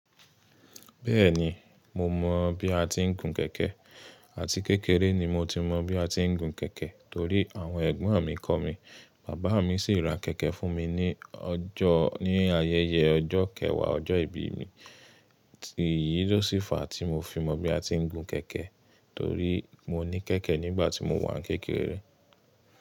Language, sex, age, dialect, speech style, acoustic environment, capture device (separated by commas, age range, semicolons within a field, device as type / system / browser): Yoruba, male, 25-34, Nigeria - Ibadan, tired, nature, mobile / Linux / Chrome